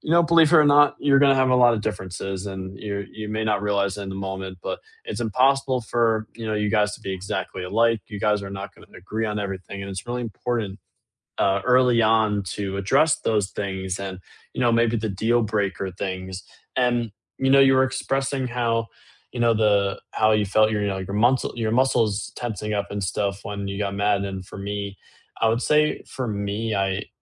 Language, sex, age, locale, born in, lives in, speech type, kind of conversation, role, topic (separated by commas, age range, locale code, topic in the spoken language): English, male, 18-19, en-US, United States, United States, conversation, unstructured, none, When should you walk away from a heated conversation?